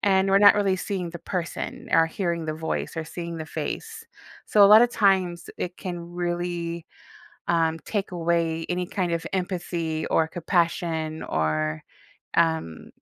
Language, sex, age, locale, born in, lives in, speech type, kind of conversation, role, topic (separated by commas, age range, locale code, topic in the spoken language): English, female, 40-44, en-US, United States, United States, conversation, podcast, guest, How is social media changing the way we build and maintain community relationships?